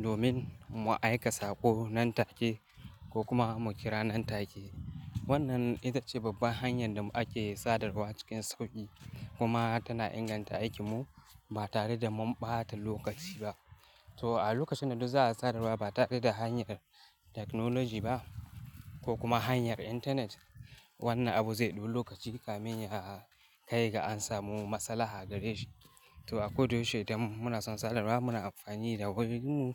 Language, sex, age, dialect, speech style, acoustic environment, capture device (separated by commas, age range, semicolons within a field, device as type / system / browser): Hausa, male, 18-24, Nigeria - Kano, relaxed, silence, mobile / Linux / Chrome